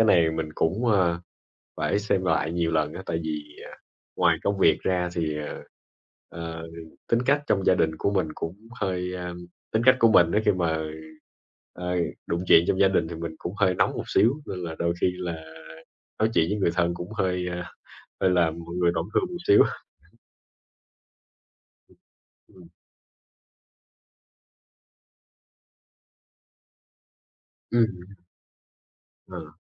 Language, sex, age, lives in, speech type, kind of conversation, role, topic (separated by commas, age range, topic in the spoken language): Vietnamese, male, 25-29, Vietnam, conversation, unstructured, none, Bạn có cho rằng thảo luận là cách tốt nhất để giải quyết xung đột không?